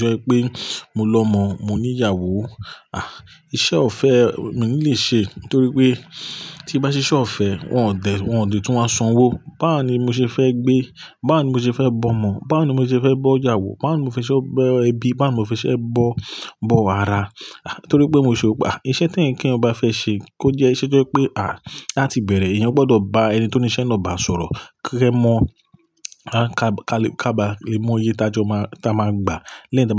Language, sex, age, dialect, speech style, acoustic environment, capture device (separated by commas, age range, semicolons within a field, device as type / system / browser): Yoruba, male, 35-44, Nigeria - Lagos Yoruba, relaxed, people talking, mobile / Linux / Chrome